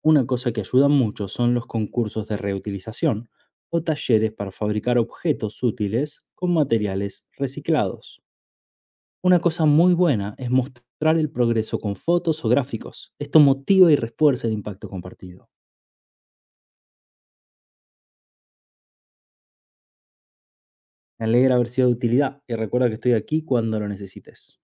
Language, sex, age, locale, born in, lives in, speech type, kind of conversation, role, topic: Spanish, male, 30-34, es-AR, Argentina, Italy, read, expert-assistant, expert, ¿Es preferible reciclar o reducir el consumo para disminuir nuestra huella ambiental diaria?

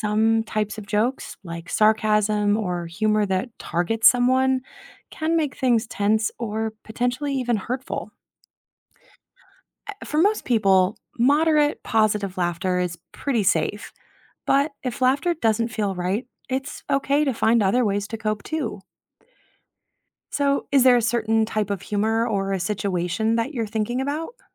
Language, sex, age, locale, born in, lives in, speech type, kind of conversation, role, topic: English, female, 30-34, en-US, United States, United States, read, expert-assistant, expert, How does laughter help cope with stress?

